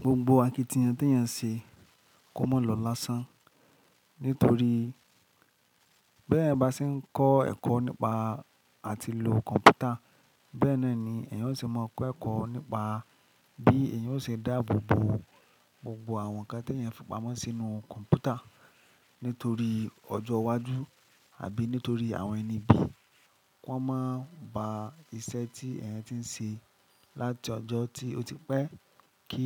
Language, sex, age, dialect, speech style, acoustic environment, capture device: Yoruba, male, 25-34, Nigeria - Lagos Yoruba, happy; excited, nature; silence, mobile / Linux / Chrome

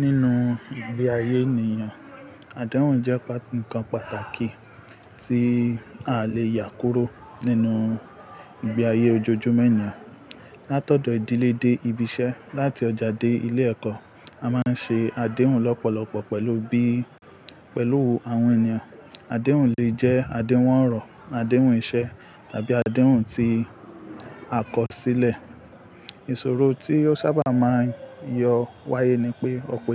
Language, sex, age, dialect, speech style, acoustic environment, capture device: Yoruba, male, 25-34, Nigeria - Ibadan, relaxed; focused, nature; people talking, mobile / Linux / Chrome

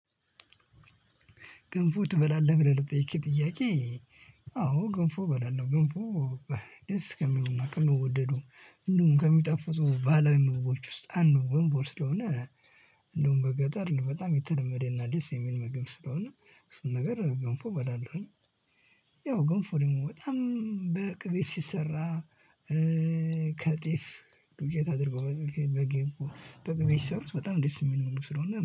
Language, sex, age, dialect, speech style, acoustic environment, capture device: Amharic, male, 18-24, Ethiopia - Addis Ababa, happy, silence, mobile / Linux / Chrome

